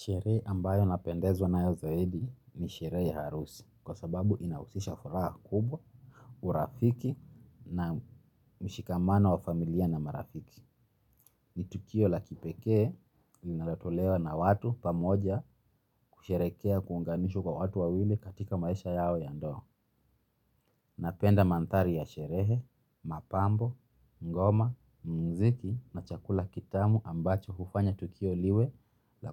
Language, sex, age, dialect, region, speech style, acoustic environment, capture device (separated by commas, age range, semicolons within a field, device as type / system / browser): Swahili, male, 25-34, Kenya - Nairobi Sheng-influenced, Kenya, relaxed; happy, nature; silence, mobile / Linux / Chrome